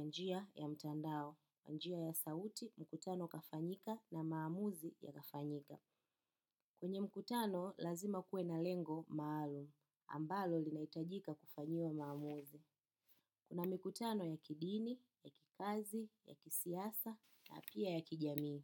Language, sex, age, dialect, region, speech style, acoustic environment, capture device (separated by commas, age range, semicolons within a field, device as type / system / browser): Swahili, female, 25-34, Kenya - Nairobi Sheng-influenced, Kenya, happy; focused; relaxed; excited, silence, desktop / macOS / Chrome